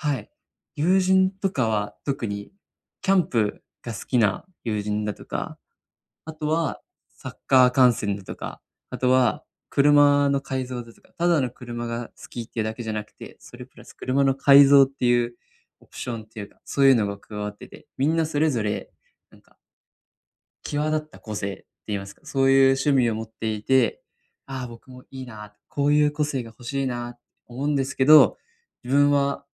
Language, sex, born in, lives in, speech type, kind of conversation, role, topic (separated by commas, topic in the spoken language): Japanese, male, Japan, Japan, conversation, advice, user, 情熱や興味が見つからないとき、どうすれば没頭できるものを見つけられますか？